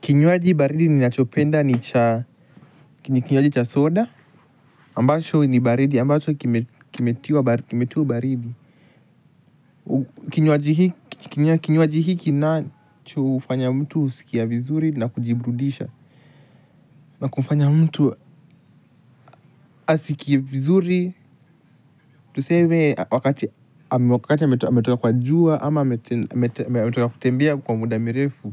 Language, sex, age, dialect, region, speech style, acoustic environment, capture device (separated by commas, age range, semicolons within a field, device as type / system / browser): Swahili, male, 18-24, Kenya - Nairobi Sheng-influenced, Kenya, relaxed, nature, mobile / Linux / Chrome